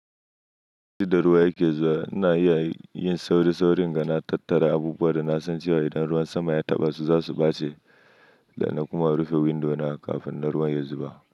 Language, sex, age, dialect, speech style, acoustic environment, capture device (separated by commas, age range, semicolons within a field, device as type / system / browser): Hausa, male, 25-34, Nigeria - Nigerian Standard, happy, nature; silence, mobile / Linux / Chrome